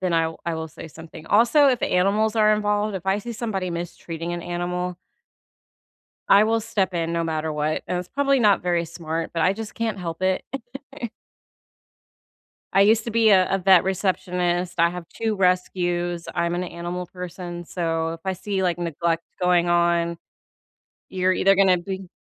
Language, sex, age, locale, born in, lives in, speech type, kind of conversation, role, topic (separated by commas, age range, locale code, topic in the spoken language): English, female, 40-44, en-US, United States, United States, conversation, unstructured, none, How do you respond when you see someone being treated unfairly?